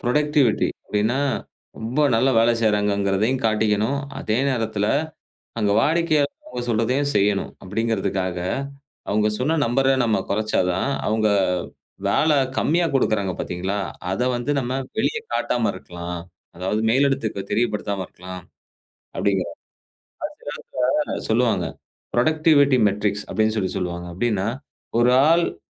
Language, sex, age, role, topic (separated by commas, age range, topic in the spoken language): Tamil, male, 35-39, guest, வேலைப்பாதையை மாற்ற உங்களைக் தூண்டிய அனுபவம் என்ன?